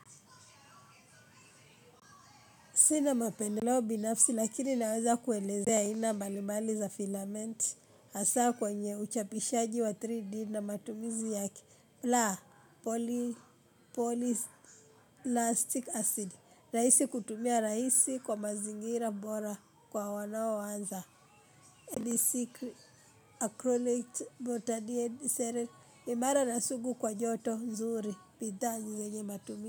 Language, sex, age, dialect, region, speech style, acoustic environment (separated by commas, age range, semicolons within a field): Swahili, female, 25-34, Kenya - Nairobi Sheng-influenced, Kenya, happy; relaxed; excited; focused, people talking